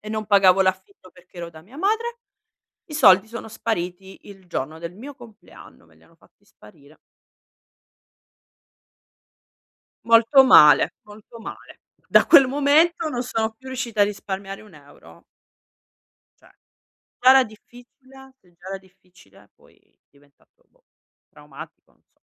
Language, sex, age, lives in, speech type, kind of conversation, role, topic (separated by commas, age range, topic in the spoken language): Italian, female, 35-39, Italy, conversation, advice, user, Come posso scegliere tra piaceri immediati e sicurezza finanziaria?